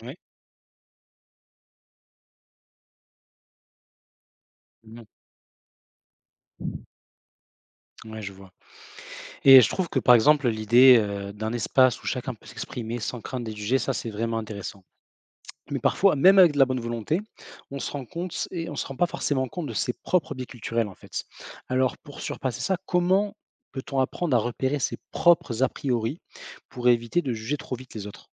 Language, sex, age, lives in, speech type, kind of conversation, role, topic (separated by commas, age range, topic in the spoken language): French, male, 25-29, France, read, expert-assistant, asker, Comment gérer les différences culturelles au sein d’une équipe ?